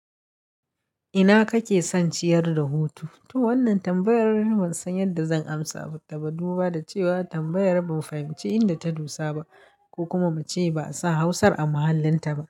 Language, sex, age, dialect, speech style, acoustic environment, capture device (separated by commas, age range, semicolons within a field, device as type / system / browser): Hausa, female, 35-44, Nigeria - Kano, happy, silence, mobile / Linux / Chrome